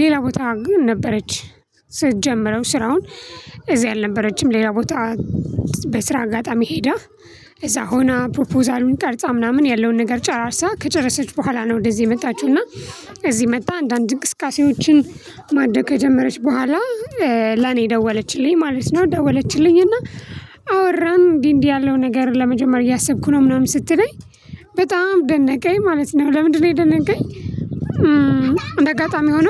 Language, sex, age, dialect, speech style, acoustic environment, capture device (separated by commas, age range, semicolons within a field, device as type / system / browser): Amharic, female, 25-34, Ethiopia - Addis Ababa, excited; relaxed, nature, mobile / Linux / Chrome